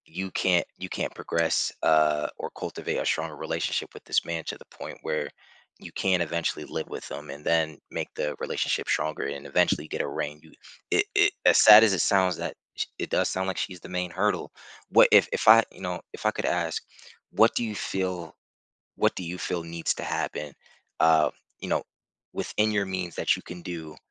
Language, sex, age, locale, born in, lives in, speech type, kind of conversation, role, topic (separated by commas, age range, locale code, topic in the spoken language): English, male, 30-34, en-US, United States, United States, conversation, advice, advisor, How should I address a broken promise?